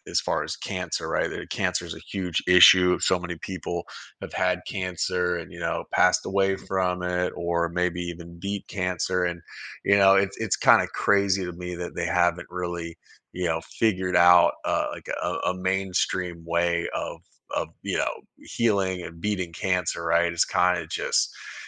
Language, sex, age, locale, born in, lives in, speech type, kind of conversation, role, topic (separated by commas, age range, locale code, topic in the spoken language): English, male, 40-44, en-US, United States, United States, conversation, podcast, guest, What medical advancements have had the biggest impact on our lives?